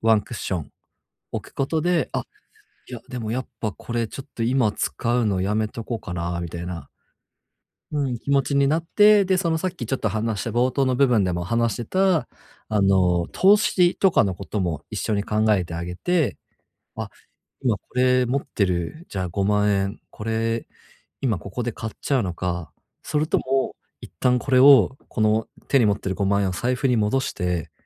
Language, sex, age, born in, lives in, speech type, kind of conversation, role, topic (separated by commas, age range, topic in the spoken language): Japanese, male, 30-34, Japan, Japan, conversation, advice, advisor, 無駄遣いを減らす習慣を身につけるにはどうすればいいですか？